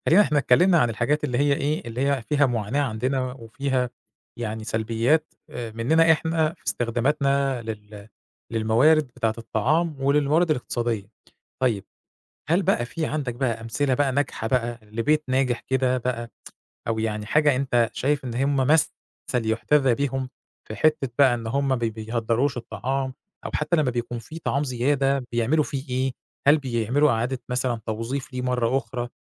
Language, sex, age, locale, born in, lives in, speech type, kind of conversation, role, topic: Arabic, male, 40-44, ar-EG, Egypt, Egypt, conversation, podcast, host, إزاي نقدر نقلّل هدر الأكل في بيوتنا؟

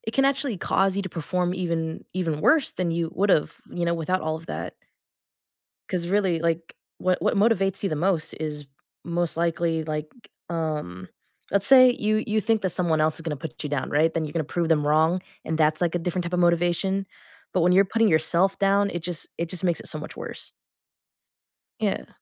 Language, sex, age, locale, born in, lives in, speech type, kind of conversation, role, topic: English, female, 20-24, en-US, United States, United States, conversation, podcast, host, What can we learn from the challenges and failures we face in life?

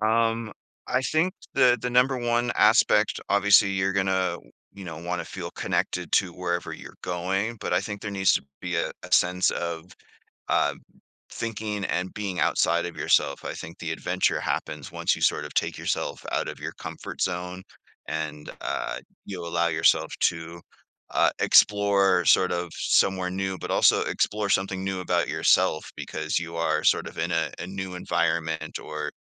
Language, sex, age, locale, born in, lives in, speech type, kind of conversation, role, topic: English, male, 40-44, en-US, Canada, United States, conversation, podcast, guest, How do our attitudes and choices shape the sense of adventure we experience while traveling?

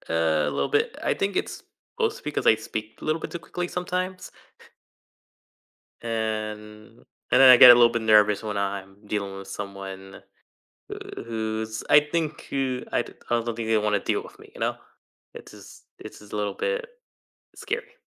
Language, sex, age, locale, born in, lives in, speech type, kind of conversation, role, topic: English, male, 20-24, en-US, United States, United States, conversation, advice, user, How can I improve my communication skills?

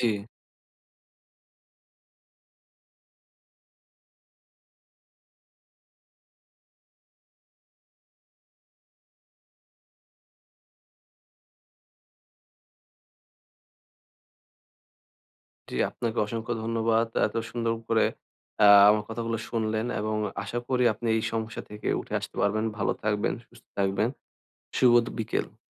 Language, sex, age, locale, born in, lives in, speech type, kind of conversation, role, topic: Bengali, male, 20-24, bn-BD, Bangladesh, Bangladesh, conversation, advice, advisor, ছুটির সময় সামাজিক চাপ ও প্রত্যাশা কীভাবে সামলাতে পারি?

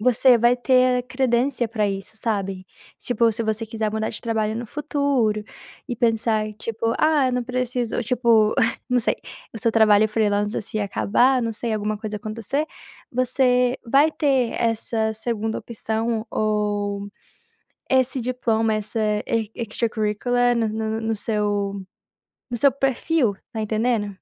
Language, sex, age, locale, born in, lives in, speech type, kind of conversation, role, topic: Portuguese, female, 20-24, pt-BR, Brazil, United States, conversation, advice, advisor, Como posso equilibrar melhor o trabalho e o meu tempo livre?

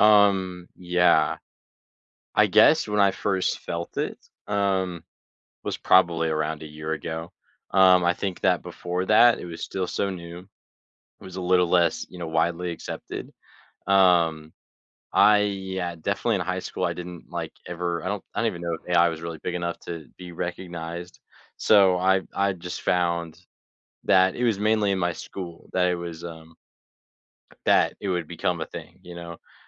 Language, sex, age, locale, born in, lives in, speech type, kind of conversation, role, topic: English, male, 18-19, en-US, United States, United States, conversation, unstructured, none, How are humans and AI shaping the future of your everyday work together?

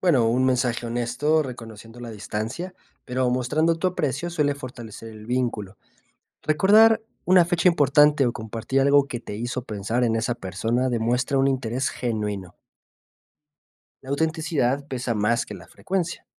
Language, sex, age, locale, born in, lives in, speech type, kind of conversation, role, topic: Spanish, male, 25-29, es-MX, Mexico, Mexico, read, expert-assistant, expert, ¿Cómo puedo organizar mejor mi agenda para mantenerme en contacto con mis amigos y familiares sin sentirme abrumado?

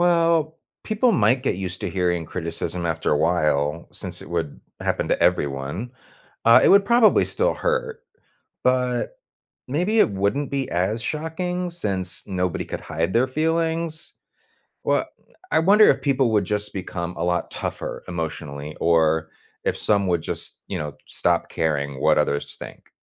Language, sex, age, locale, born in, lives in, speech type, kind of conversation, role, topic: English, male, 40-44, en-US, United States, United States, read, expert-assistant, asker, What would life be like if everyone could hear your thoughts?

